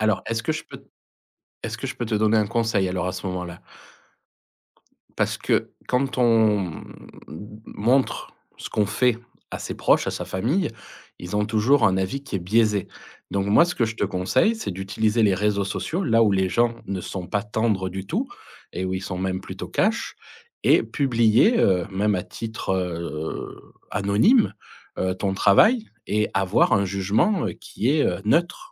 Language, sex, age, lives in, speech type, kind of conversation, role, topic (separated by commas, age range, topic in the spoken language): French, male, 40-44, France, conversation, advice, advisor, Comment surmonter le perfectionnisme qui sabote ma pratique créative quotidienne ?